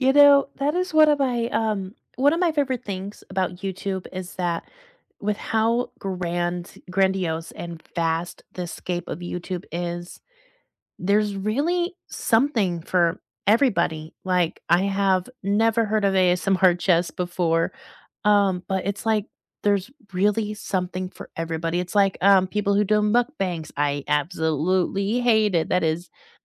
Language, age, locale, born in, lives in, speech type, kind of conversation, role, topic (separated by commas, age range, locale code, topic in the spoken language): English, 25-29, en-US, United States, United States, conversation, unstructured, none, Which hidden-gem podcasts or YouTube channels would you share with friends, and why do they resonate?